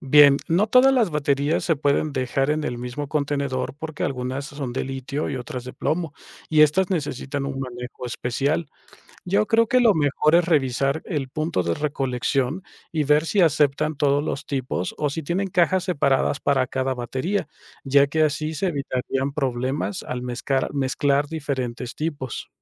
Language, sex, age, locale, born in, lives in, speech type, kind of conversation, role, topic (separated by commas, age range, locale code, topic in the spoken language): Spanish, male, 35-39, es-MX, Mexico, Mexico, read, expert-assistant, expert, ¿Por qué es importante reciclar los residuos electrónicos?